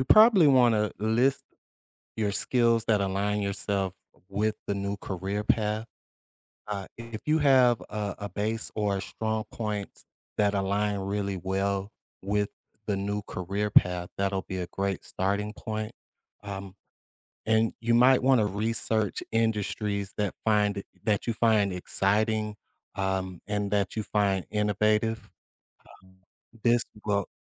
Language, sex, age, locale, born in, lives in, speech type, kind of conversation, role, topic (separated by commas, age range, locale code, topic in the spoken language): English, male, 40-44, en-US, United States, United States, conversation, advice, advisor, How do I choose a new career and plan a safe transition?